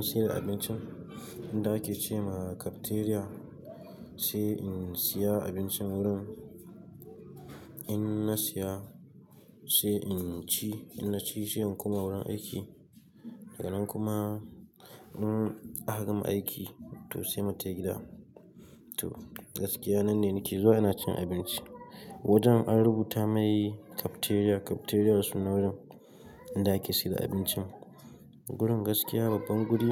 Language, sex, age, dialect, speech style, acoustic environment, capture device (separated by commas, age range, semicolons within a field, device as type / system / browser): Hausa, male, 18-24, Nigeria - Katsina, happy, nature, mobile / Linux / Chrome